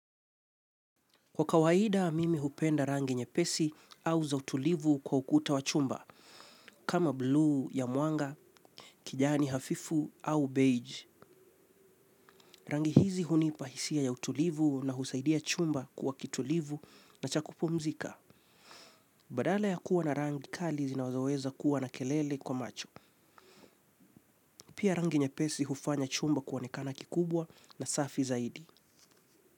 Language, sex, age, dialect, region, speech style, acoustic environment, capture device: Swahili, male, 25-34, Kenya - Mombasa, Kenya, relaxed; stressed, silence, mobile / Linux / Chrome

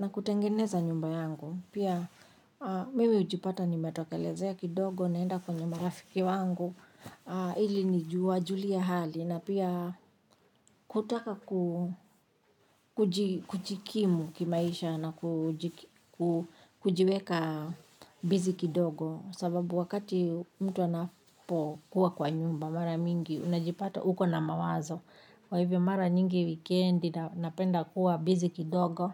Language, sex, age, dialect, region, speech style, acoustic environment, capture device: Swahili, female, 35-44, Kenya - Nairobi Sheng-influenced, Kenya, happy, nature, mobile / Linux / Chrome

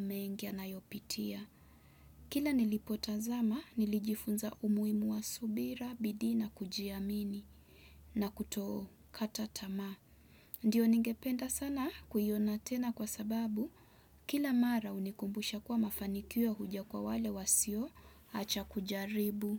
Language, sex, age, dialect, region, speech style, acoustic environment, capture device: Swahili, female, 25-34, Kenya - Mombasa, Kenya, relaxed, nature, desktop / Windows / Chrome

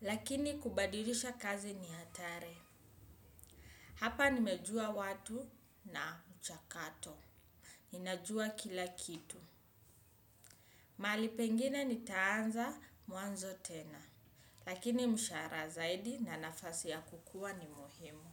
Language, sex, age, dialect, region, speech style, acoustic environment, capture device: Swahili, male, 25-34, Kenya - Nairobi Sheng-influenced, Kenya, focused, nature, desktop / Windows / Chrome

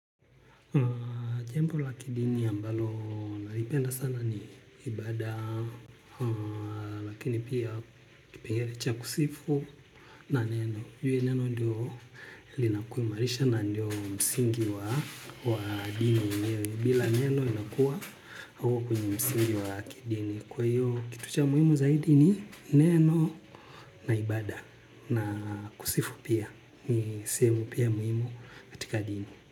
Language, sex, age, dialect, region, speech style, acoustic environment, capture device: Swahili, male, 25-34, Tanzania - Dar es Salaam, Tanzania, relaxed, people talking; music; nature; appliances, mobile / Linux / Chrome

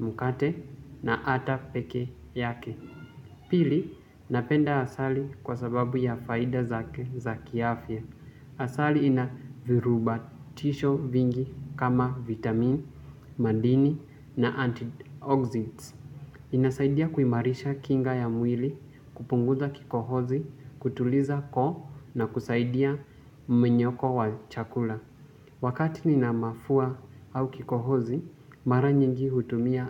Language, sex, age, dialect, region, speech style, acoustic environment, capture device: Swahili, male, 18-24, Kenya - Mombasa, Kenya, focused, silence, mobile / Linux / Chrome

